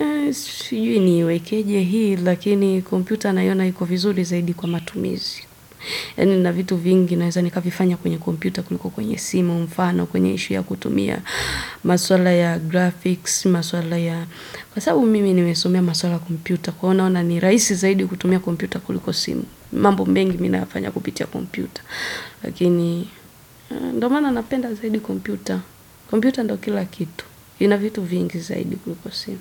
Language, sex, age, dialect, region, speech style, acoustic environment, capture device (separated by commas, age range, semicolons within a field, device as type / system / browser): Swahili, female, 25-34, Tanzania - Dar es Salaam, Tanzania, excited, silence, desktop / Windows / Edge